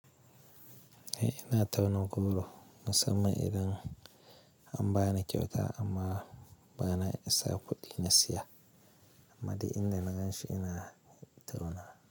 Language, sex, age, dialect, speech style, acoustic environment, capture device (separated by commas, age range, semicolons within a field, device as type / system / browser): Hausa, male, 25-34, Nigeria - Kano, focused, silence, mobile / Linux / Chrome